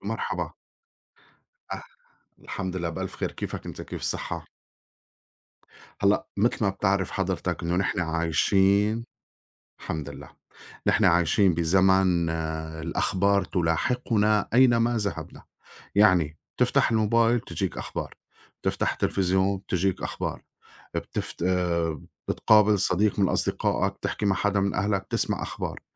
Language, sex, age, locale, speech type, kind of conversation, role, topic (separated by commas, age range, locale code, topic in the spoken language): Arabic, male, 40-44, ar-SY, conversation, unstructured, none, إزاي بتتعامل مع الأخبار السلبية اللي بتقلقك؟